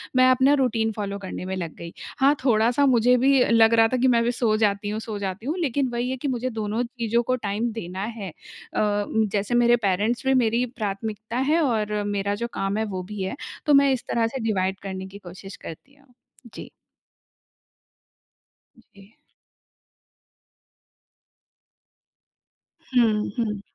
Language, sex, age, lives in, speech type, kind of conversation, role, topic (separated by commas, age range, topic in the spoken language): Hindi, female, 30-34, India, conversation, podcast, guest, आप आमतौर पर काम और घर के बीच समय का संतुलन कैसे बनाते हैं?